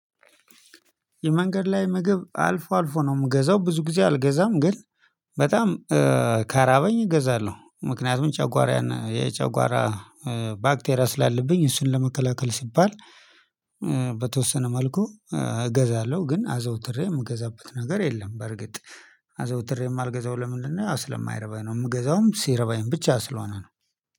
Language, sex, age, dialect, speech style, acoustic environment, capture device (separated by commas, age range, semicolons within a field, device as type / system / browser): Amharic, male, 35-44, Ethiopia - Addis Ababa, happy, silence, mobile / Linux / Chrome